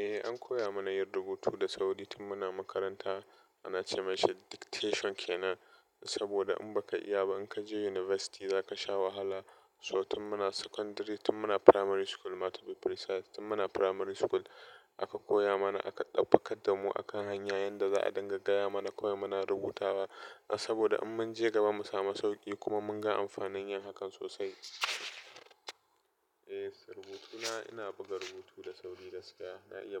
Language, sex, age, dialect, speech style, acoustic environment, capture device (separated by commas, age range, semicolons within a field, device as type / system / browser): Hausa, male, 25-34, Nigeria - Nigerian Standard, happy; relaxed, appliances, desktop / Linux / Chrome